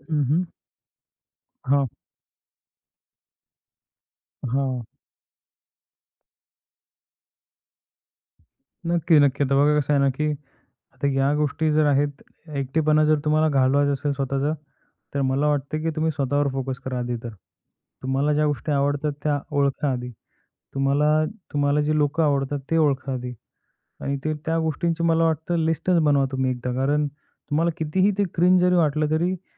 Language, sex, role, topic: Marathi, male, guest, एकटेपणातून बाहेर पडण्यासाठी रोज काय करायला हवं?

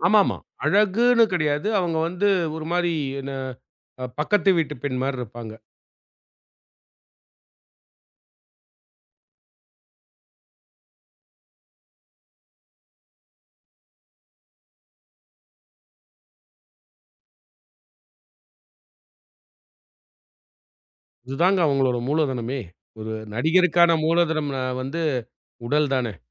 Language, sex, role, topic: Tamil, male, host, பயிற்சி செய்ய உங்கள் உடல் தயாராக இருக்கிறதா என்பதை நீங்கள் எப்படித் தெரிந்துகொள்கிறீர்கள்?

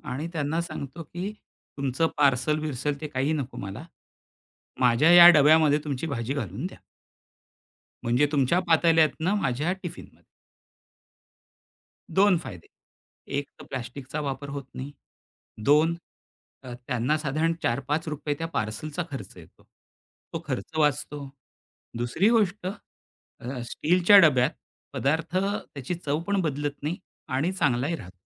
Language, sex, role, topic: Marathi, male, guest, कचरा कमी करण्यासाठी रोजच्या आयुष्यात आपण कोणती साधी सवय लावू शकतो?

